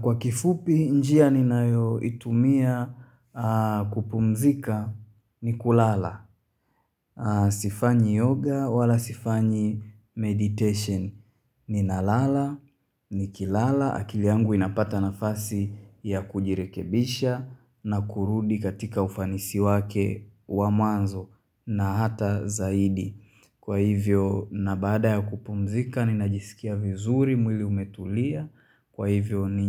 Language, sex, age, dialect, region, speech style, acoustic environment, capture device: Swahili, male, 25-34, Tanzania - Dar es Salaam, Tanzania, happy; excited; relaxed; focused, silence, mobile / Linux / Chrome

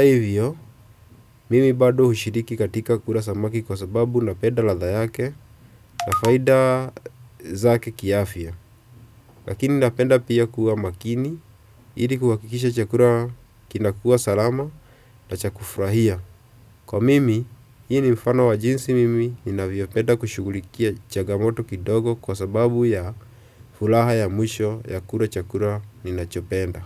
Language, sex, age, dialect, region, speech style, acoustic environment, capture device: Swahili, male, 25-34, Kenya - Nairobi Sheng-influenced, Kenya, relaxed, silence, desktop / Windows / Chrome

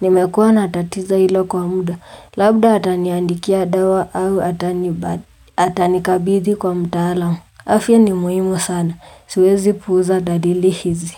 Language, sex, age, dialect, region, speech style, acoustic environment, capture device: Swahili, female, 18-24, Kenya - Nairobi Sheng-influenced, Kenya, relaxed, silence, mobile / Linux / Chrome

